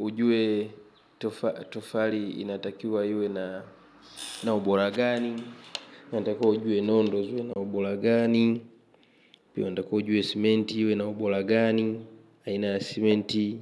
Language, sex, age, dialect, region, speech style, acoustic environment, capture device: Swahili, male, 25-34, Tanzania - Dar es Salaam, Tanzania, happy, traffic, mobile / Linux / Chrome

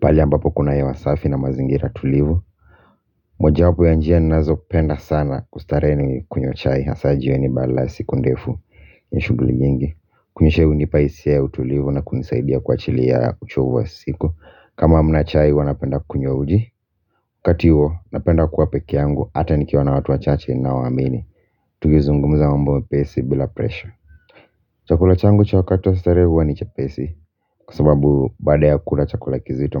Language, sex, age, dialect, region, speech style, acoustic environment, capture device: Swahili, male, 25-34, Kenya - Nairobi Sheng-influenced, Kenya, relaxed, music; construction; silence, mobile / Linux / Chrome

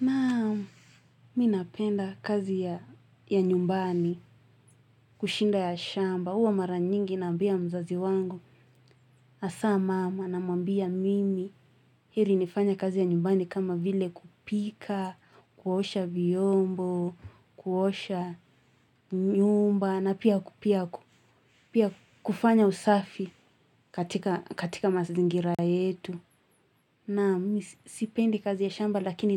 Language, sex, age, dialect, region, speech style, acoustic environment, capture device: Swahili, female, 18-24, Kenya - Nairobi Sheng-influenced, Kenya, happy; anxious; nervous, people talking; music; nature, mobile / Linux / Chrome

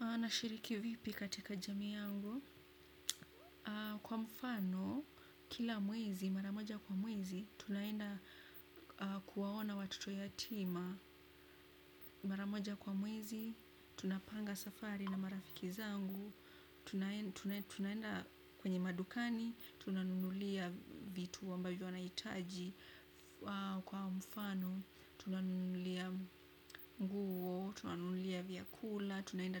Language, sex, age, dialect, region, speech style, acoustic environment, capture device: Swahili, female, 25-34, Kenya - Nairobi Sheng-influenced, Kenya, relaxed, appliances, desktop / Windows / Chrome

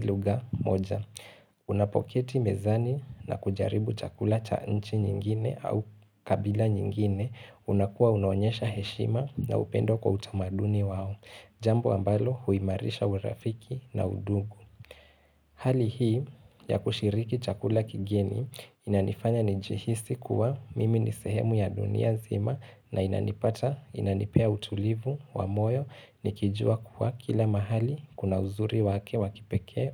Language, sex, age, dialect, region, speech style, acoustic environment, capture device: Swahili, male, 18-24, Kenya - Mombasa, Kenya, focused; relaxed, silence, desktop / Linux / Chrome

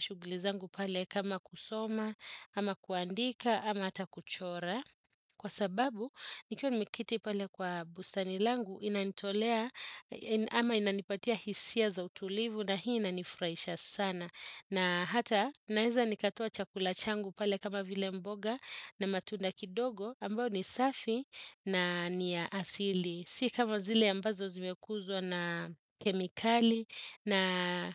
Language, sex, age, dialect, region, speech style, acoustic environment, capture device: Swahili, female, 35-44, Kenya - Nairobi Sheng-influenced, Kenya, relaxed, silence, desktop / Windows / Chrome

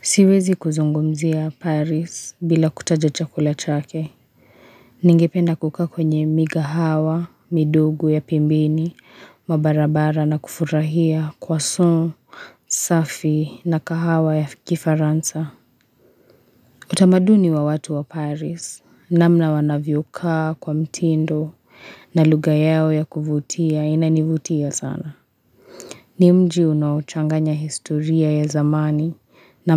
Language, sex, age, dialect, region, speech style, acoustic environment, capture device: Swahili, female, 25-34, Kenya - Nairobi Sheng-influenced, Kenya, tired; relaxed, nature; silence, mobile / Linux / Chrome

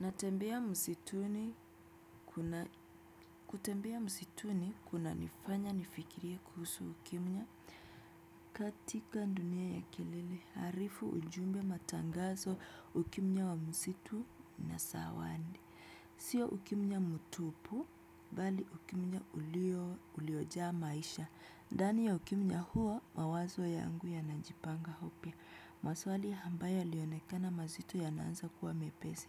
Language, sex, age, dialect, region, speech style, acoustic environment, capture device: Swahili, female, 25-34, Kenya - Nairobi Sheng-influenced, Kenya, relaxed; focused, music; appliances, desktop / Windows / Chrome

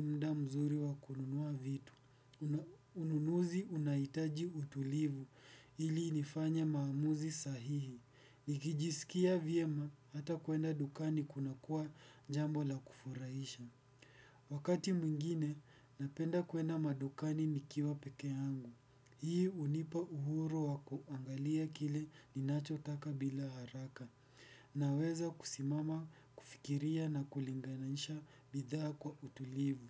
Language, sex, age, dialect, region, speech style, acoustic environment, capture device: Swahili, male, 18-24, Kenya - Nairobi Sheng-influenced, Kenya, focused, appliances, desktop / Windows / Edge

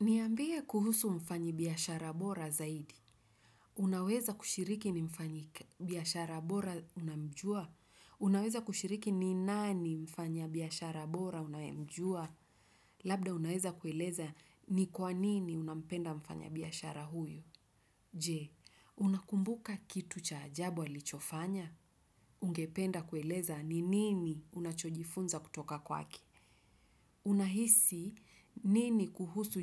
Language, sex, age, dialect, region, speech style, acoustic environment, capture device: Swahili, female, 35-44, Kenya - Nairobi Sheng-influenced, Kenya, happy, nature; silence; traffic, desktop / Windows / Chrome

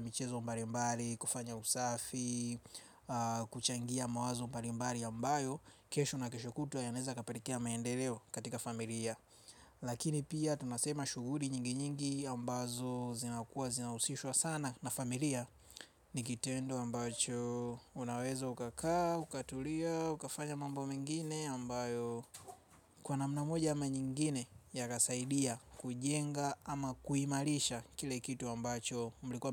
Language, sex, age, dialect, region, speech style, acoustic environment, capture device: Swahili, male, 25-34, Tanzania - Dar es Salaam, Tanzania, happy, silence; nature, mobile / Linux / Chrome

